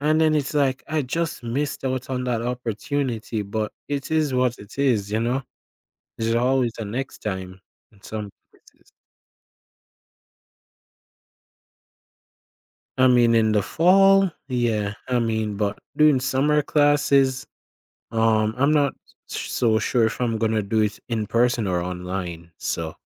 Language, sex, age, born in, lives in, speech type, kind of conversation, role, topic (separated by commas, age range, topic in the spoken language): English, male, 20-24, Jamaica, United States, conversation, advice, user, How do I make meaningful friends after moving to a new city?